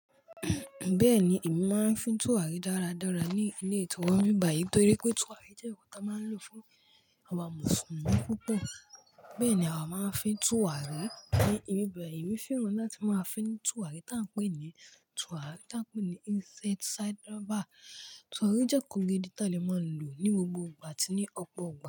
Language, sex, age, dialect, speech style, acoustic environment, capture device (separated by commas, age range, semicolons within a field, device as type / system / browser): Yoruba, male, 18-24, Nigeria - Lagos Yoruba, focused; relaxed, nature, mobile / Linux / Chrome